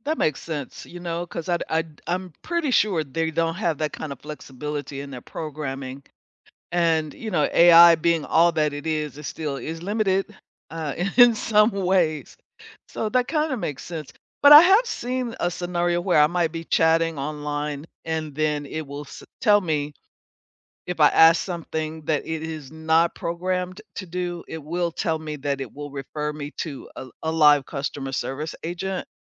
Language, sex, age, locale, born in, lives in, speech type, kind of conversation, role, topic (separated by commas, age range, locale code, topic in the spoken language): English, female, 65-69, en-US, United States, United States, conversation, unstructured, none, How do you react when a store refuses to match a competitor’s price?